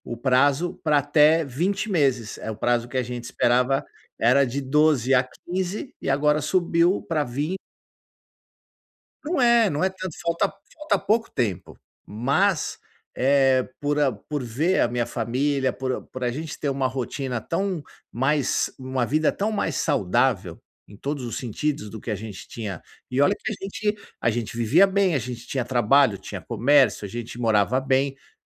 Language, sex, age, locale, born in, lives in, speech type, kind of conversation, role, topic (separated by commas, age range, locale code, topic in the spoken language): Portuguese, male, 50-54, pt-BR, Brazil, United States, conversation, advice, user, Como posso lidar com a ansiedade e a incerteza durante mudanças?